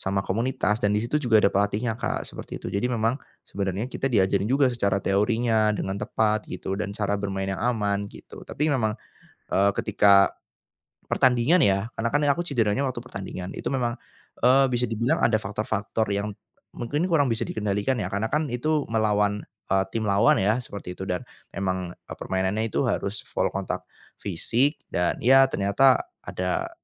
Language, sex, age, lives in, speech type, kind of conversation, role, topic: Indonesian, male, 25-29, Indonesia, conversation, advice, user, Bagaimana cara mengatasi rasa takut untuk kembali berolahraga setelah cedera?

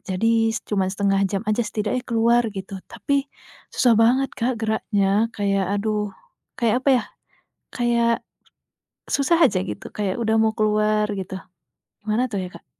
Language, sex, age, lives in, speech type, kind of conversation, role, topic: Indonesian, female, 25-29, Indonesia, conversation, advice, user, Apa kesulitan yang kamu alami saat bangun pagi dan memulai hari lebih awal?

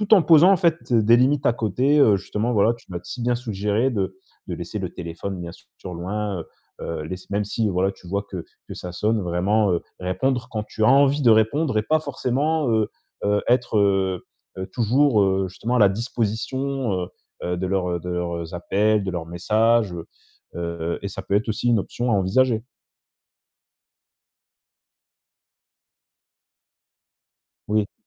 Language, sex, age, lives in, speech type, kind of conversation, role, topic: French, male, 25-29, France, conversation, advice, advisor, Comment poser des limites sans perdre mes amis ?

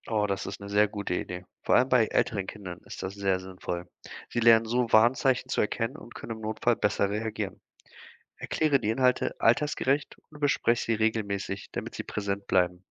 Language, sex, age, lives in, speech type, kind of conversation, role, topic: German, male, 25-29, Germany, read, expert-assistant, expert, Was spricht mehr für einen Hausarztbesuch, und wann ist ein Videoanruf beim Arzt die bessere Wahl?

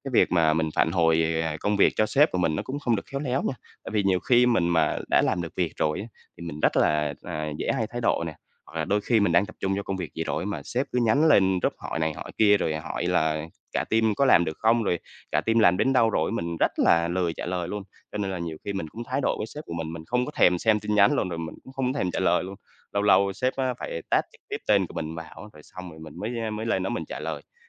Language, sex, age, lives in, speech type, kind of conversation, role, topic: Vietnamese, male, 25-29, Vietnam, conversation, advice, user, Làm thế nào để phản hồi công việc cho đồng nghiệp hoặc quản lý một cách khéo léo?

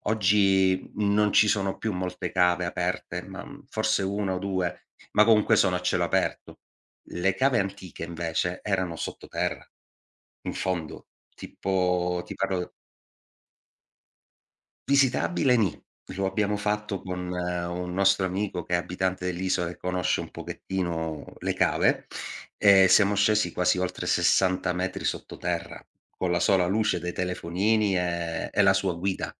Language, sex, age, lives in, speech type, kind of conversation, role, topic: Italian, male, 40-44, Italy, conversation, podcast, guest, Qual è un luogo naturale che ti ha cambiato la vita?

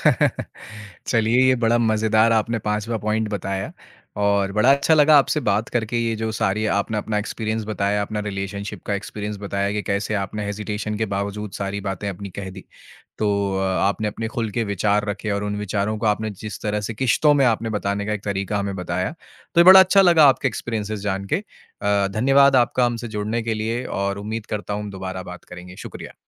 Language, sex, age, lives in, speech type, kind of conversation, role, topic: Hindi, male, 25-29, India, conversation, podcast, host, Kisi relationship mein mushkil baat kaise start karte ho?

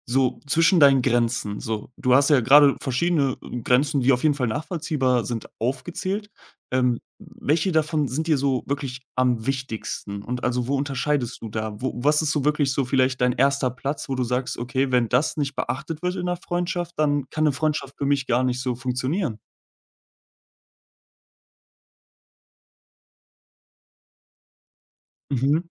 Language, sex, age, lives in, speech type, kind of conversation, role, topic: German, male, 20-24, Germany, conversation, podcast, host, Welche Grenzen sind in Freundschaften wichtig?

